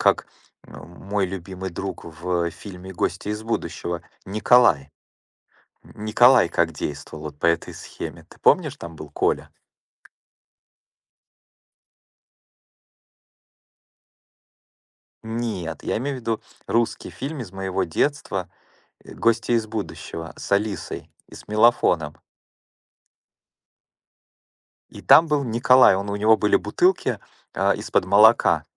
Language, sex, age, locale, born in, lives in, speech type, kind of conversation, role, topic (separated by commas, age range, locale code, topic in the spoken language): Russian, male, 45-49, ru-RU, Ukraine, United States, conversation, unstructured, none, Почему изучение космоса интересно и важно?